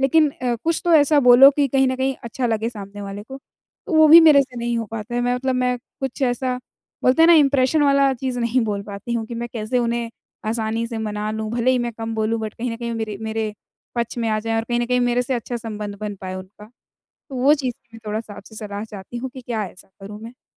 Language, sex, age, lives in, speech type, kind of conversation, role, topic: Hindi, female, 20-24, India, conversation, advice, user, मैं अपने समुदाय के साथ मजबूत संबंध कैसे बना सकता/सकती हूँ?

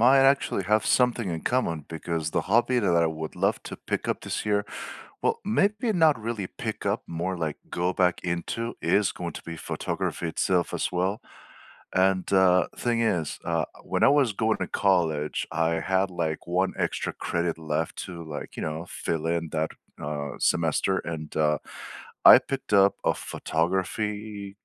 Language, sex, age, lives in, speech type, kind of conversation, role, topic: English, male, 45-49, United States, conversation, unstructured, none, What hobby would you love to pick up this year?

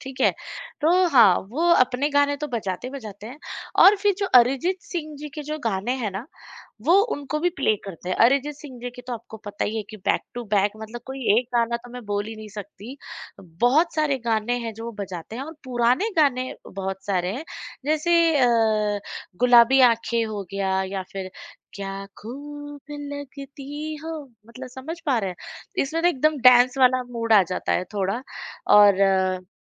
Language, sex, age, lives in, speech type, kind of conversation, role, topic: Hindi, female, 35-39, India, conversation, podcast, guest, Music sunke tumhara mood turant kaise badal jata hai?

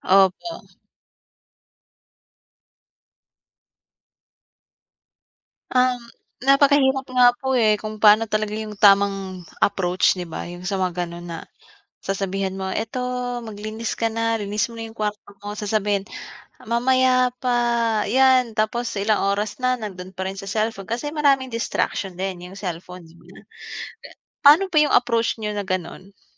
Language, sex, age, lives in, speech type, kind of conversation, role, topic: Filipino, female, 25-29, Philippines, conversation, unstructured, none, Ano ang mga simpleng paraan para mapalakas ang samahan ng pamilya?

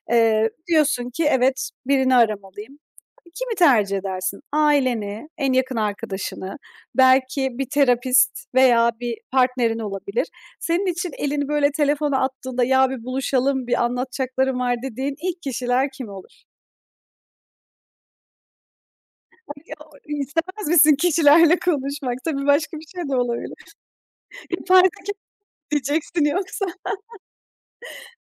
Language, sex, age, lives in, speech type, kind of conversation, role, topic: Turkish, female, 30-34, Estonia, conversation, podcast, host, Sosyal destek stres yönetiminde nasıl bir rol oynar ve çevrenin etkisi nedir?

